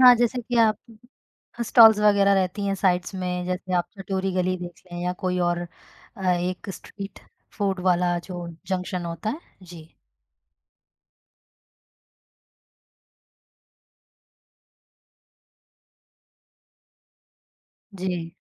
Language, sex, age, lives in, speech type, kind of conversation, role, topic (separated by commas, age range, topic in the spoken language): Hindi, female, 25-29, India, conversation, podcast, host, Tumhari kaunsi khaane ki yaad hamesha dil ko chhoo jaati hai?